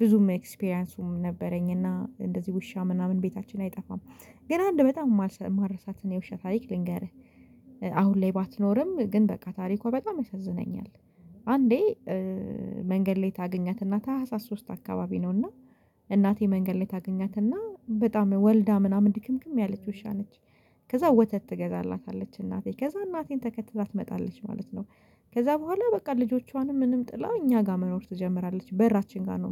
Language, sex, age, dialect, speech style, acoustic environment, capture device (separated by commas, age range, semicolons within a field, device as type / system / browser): Amharic, female, 25-34, Ethiopia - Addis Ababa, focused; stressed, silence, mobile / Linux / Chrome